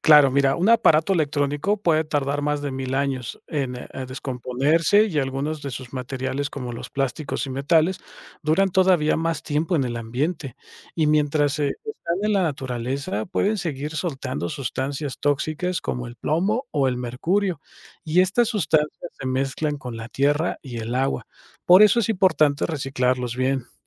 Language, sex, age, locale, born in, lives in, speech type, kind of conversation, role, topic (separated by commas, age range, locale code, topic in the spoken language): Spanish, male, 35-39, es-MX, Mexico, Mexico, read, expert-assistant, expert, ¿Por qué es importante reciclar los residuos electrónicos?